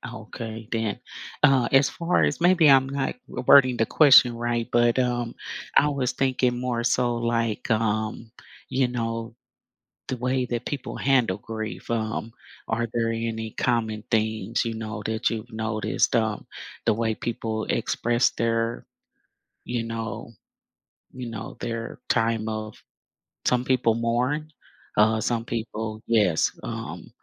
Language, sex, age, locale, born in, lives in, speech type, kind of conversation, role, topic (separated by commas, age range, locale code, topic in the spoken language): English, female, 55-59, en-US, United States, United States, conversation, podcast, host, How do cultural traditions shape the way people experience and express grief?